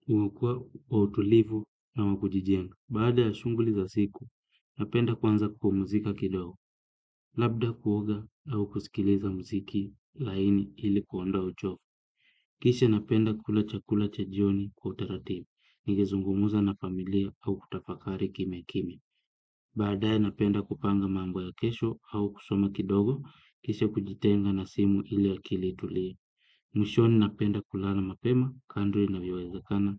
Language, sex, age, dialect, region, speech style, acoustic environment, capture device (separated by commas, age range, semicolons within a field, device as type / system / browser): Swahili, male, 25-34, Kenya - Kitui, Kenya, happy; relaxed, music; silence, desktop / Windows / Chrome